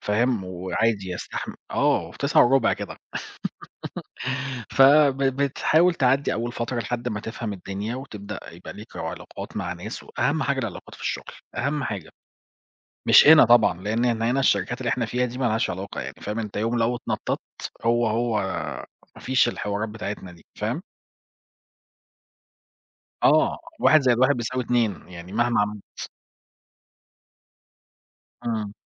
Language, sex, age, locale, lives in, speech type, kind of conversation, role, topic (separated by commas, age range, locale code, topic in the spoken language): Arabic, male, 40-44, ar-EG, Portugal, conversation, unstructured, none, إزاي بتتعامل مع الفشل وإنت بتسعى تحقق أهدافك؟